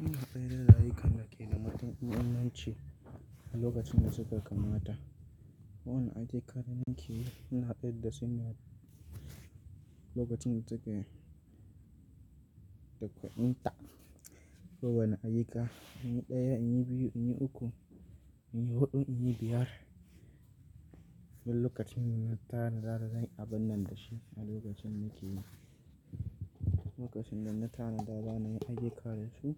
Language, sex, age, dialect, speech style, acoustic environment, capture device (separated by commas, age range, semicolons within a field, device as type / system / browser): Hausa, male, 25-34, Nigeria - Nigerian Standard, happy, silence, mobile / Linux / Chrome